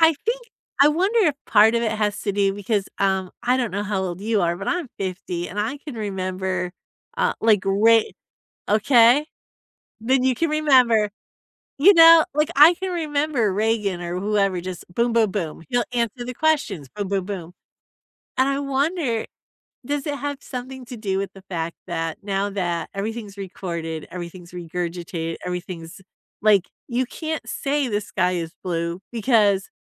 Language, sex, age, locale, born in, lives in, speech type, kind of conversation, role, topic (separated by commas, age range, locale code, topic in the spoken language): English, female, 50-54, en-US, United States, United States, conversation, unstructured, none, How do you feel when politicians avoid answering tough questions?